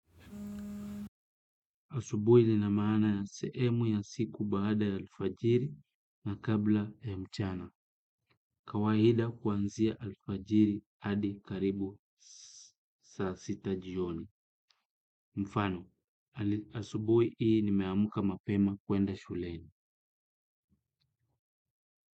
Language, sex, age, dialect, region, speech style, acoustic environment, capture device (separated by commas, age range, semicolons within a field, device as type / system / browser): Swahili, male, 25-34, Kenya - Kitui, Kenya, relaxed, silence, desktop / Windows / Chrome